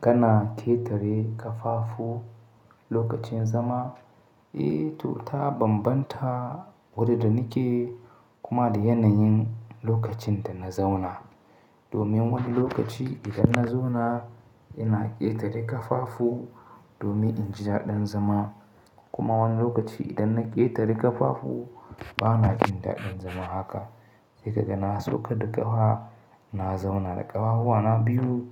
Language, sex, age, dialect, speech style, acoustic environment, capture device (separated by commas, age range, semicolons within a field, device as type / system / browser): Hausa, male, 25-34, Nigeria - Katsina, excited; happy, silence, mobile / Linux / Chrome